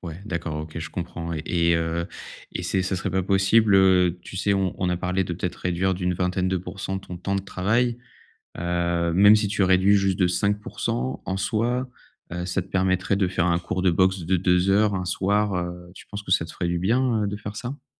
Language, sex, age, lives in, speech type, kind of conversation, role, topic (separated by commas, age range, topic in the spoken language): French, male, 30-34, France, conversation, advice, advisor, Comment trouver du temps pour mes passions malgré un emploi du temps chargé ?